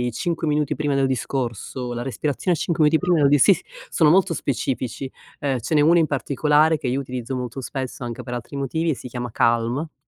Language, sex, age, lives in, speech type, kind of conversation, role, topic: Italian, female, 50-54, United States, conversation, advice, advisor, Come posso gestire la paura del giudizio sociale prima di eventi o riunioni?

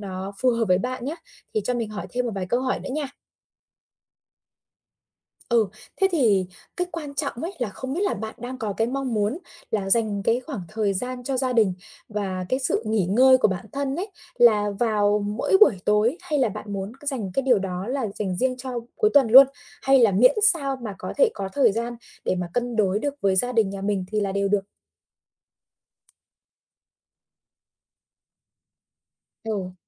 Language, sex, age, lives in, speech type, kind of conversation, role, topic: Vietnamese, female, 25-29, Vietnam, conversation, advice, advisor, Làm thế nào để khóa thời gian dành cho gia đình và nghỉ ngơi một cách hiệu quả?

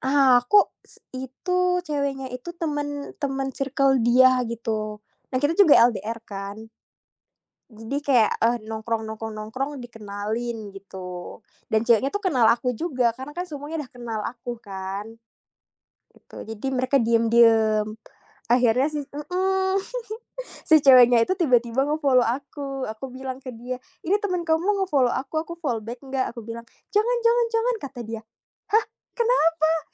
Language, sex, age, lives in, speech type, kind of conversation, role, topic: Indonesian, female, 25-29, Indonesia, conversation, unstructured, none, Menurutmu, seberapa penting kejujuran dalam cinta?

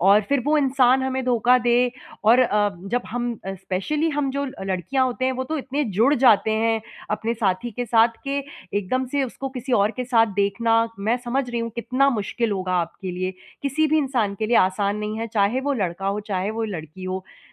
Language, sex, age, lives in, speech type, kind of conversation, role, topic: Hindi, female, 30-34, India, conversation, advice, advisor, खोई हुई उम्मीदों के शोक को मैं कैसे सँभालूँ और आगे कैसे बढ़ूँ?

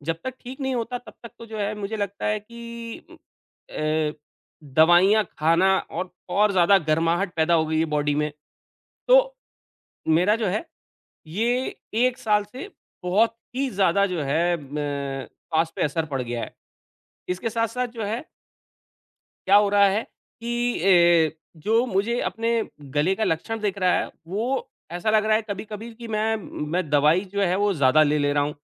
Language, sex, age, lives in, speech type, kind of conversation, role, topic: Hindi, male, 40-44, India, conversation, advice, user, आपके स्वास्थ्य में अचानक क्या बदलाव हुआ है?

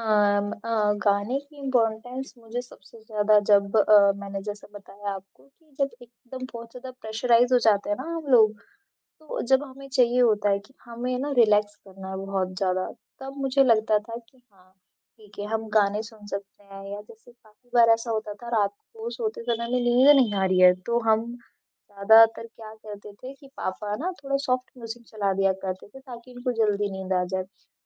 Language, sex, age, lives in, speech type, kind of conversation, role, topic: Hindi, female, 20-24, India, conversation, podcast, guest, Bachpan ka kaunsa gaana aaj bhi tumhe yaad hai, aur kyun?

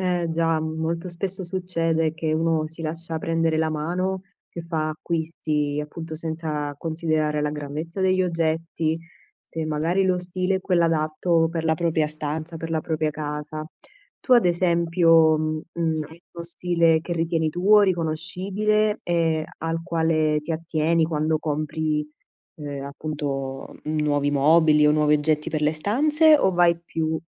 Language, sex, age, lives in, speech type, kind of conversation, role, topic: Italian, female, 25-29, Italy, conversation, podcast, host, Come organizzi al meglio gli spazi in una casa piccola?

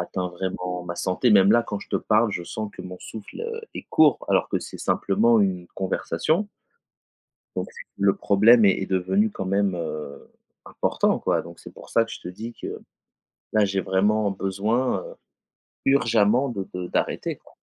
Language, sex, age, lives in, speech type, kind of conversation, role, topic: French, male, 35-39, France, conversation, advice, user, Pourquoi est-ce que j’abandonne une nouvelle routine d’exercice au bout de quelques jours ?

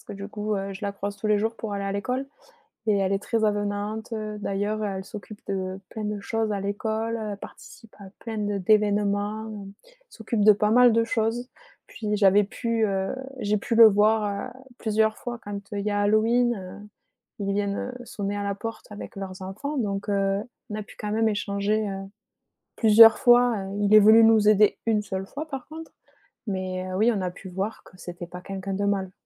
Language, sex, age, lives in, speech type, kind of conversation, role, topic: French, female, 25-29, France, conversation, podcast, guest, Comment une petite aide entre voisins t’a-t-elle touché ?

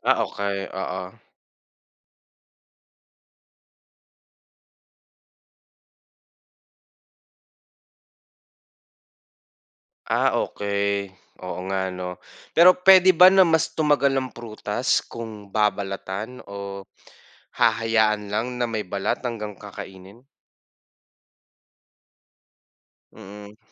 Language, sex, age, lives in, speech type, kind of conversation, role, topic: Filipino, male, 25-29, Philippines, read, expert-assistant, asker, Bakit may mga prutas na mabilis mabulok?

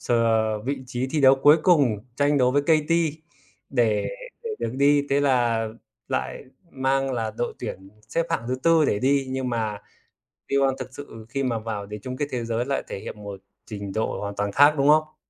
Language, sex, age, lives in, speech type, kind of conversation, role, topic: Vietnamese, male, 25-29, Vietnam, conversation, unstructured, none, Trò chơi điện tử nào bạn muốn giới thiệu cho bạn bè, và vì sao?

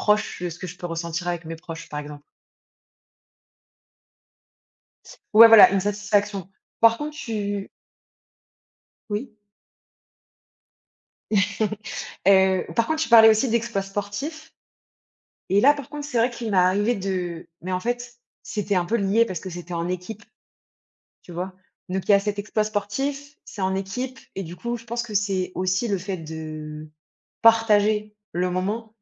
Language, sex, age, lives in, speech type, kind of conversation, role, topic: French, female, 30-34, France, conversation, unstructured, none, Qu’est-ce que le bonheur pour toi ?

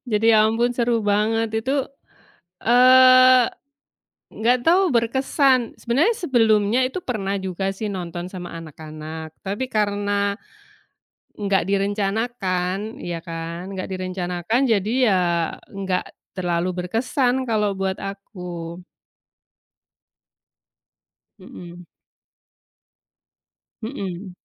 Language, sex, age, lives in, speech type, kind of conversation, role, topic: Indonesian, female, 45-49, Indonesia, conversation, unstructured, none, Apa kenangan favoritmu saat menonton konser atau pertunjukan langsung?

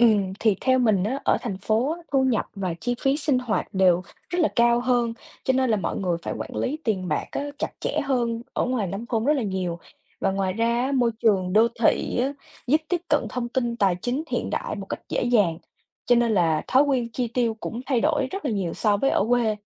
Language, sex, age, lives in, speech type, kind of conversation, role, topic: Vietnamese, female, 20-24, United States, read, expert-assistant, expert, Bạn nghĩ vì sao những thói quen tài chính cá nhân lại khác biệt giữa vùng thành phố và nông thôn ở Việt Nam?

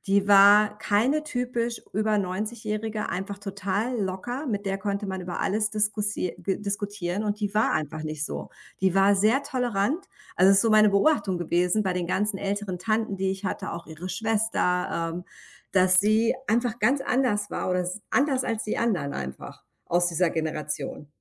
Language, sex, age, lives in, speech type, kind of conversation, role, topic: German, female, 45-49, United States, conversation, unstructured, none, Wie gehst du mit Konflikten in der Familie um?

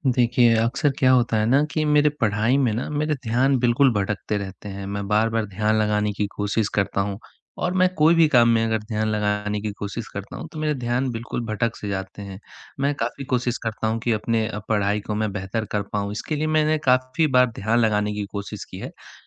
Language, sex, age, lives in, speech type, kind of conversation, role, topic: Hindi, male, 20-24, India, conversation, advice, user, एकाग्रता बनाए रखने में कठिनाई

